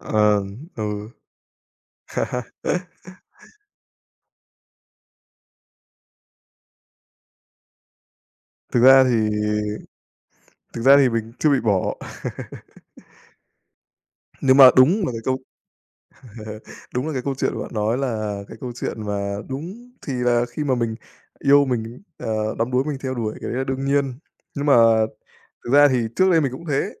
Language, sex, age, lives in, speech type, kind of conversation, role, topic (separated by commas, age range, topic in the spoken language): Vietnamese, male, 25-29, Vietnam, conversation, unstructured, none, Kỷ niệm nào trong cuộc sống đã thay đổi bạn nhiều nhất?